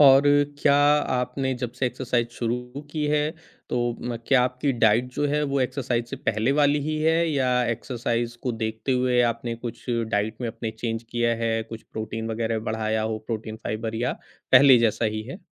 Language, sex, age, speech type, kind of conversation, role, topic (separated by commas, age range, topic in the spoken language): Hindi, male, 40-44, conversation, advice, advisor, वर्कआउट के बाद आपको बार-बार चोट या मांसपेशियों में खिंचाव क्यों हो जाता है?